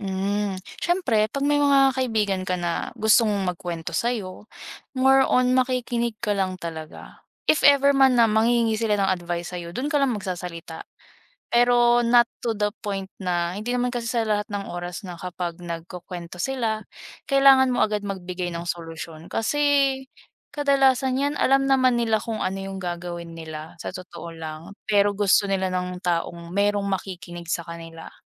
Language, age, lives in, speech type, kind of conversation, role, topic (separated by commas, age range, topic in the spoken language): Filipino, 20-24, Philippines, conversation, unstructured, none, Ano ang mga paborito mong paraan para mabawasan ang stress?